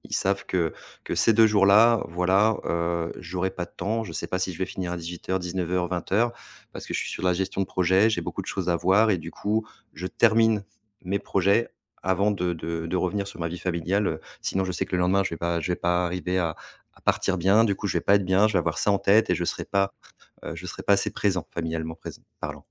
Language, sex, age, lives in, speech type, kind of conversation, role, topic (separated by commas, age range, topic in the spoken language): French, male, 40-44, France, conversation, podcast, guest, Comment concilier concrètement travail et vie de famille ?